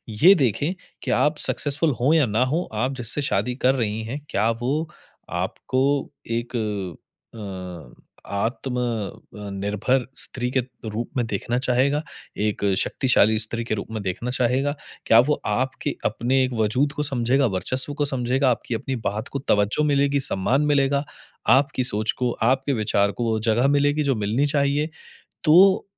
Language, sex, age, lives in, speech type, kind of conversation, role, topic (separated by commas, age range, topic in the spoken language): Hindi, male, 30-34, India, conversation, advice, advisor, चिंता कम करने के लिए मैं नियंत्रण पर ध्यान कैसे केंद्रित कर सकता/सकती हूँ?